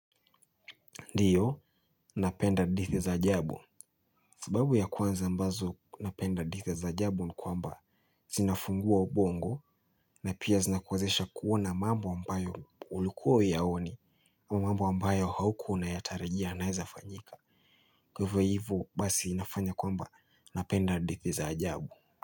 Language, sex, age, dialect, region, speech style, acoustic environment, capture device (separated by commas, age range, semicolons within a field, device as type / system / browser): Swahili, male, 25-34, Kenya - Mombasa, Kenya, excited; focused; happy, nature, mobile / Linux / Chrome